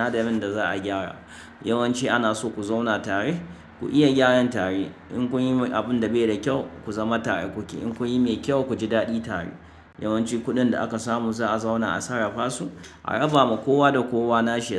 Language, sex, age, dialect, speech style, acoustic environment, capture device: Hausa, male, 35-44, Nigeria - Kano, focused, nature, mobile / Linux / Chrome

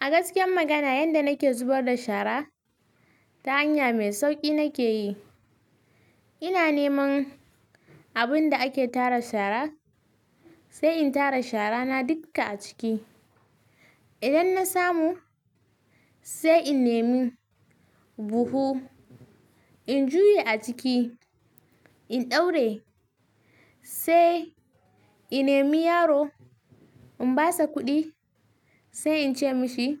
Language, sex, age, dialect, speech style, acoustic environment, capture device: Hausa, female, 18-24, Nigeria - Kano, excited, silence, mobile / Linux / Chrome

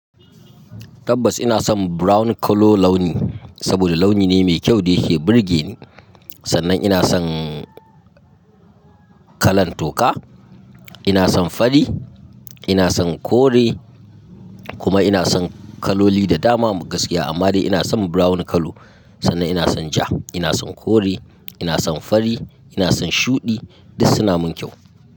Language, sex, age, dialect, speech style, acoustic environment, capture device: Hausa, male, 25-34, Nigeria - Katsina, happy, silence, mobile / Linux / Chrome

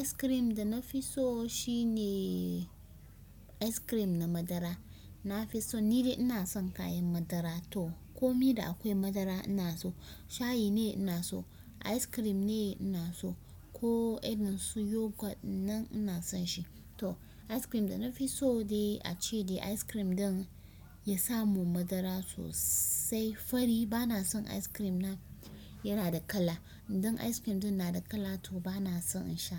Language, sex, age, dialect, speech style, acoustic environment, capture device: Hausa, female, 25-34, Nigeria - Kano, focused, silence, desktop / Windows / Chrome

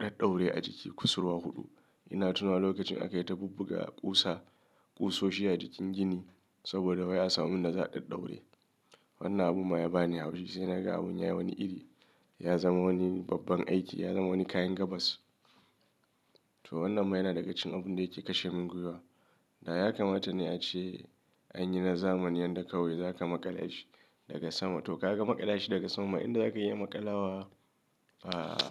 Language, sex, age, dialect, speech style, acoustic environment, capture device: Hausa, male, 35-44, Nigeria - Kano, nervous; stressed; excited; anxious, music; nature; silence, mobile / Linux / Chrome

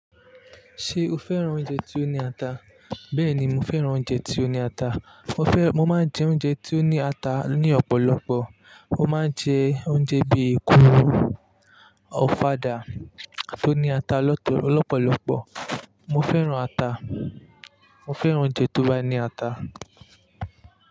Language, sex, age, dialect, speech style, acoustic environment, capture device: Yoruba, male, 25-34, Nigeria - Lagos Yoruba, relaxed, nature; people talking, mobile / Linux / Chrome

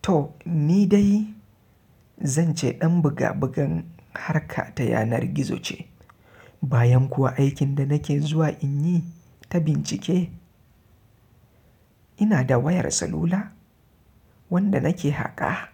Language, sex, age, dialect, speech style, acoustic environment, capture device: Hausa, male, 25-34, Nigeria - Kano, relaxed; happy, silence, mobile / Linux / Chrome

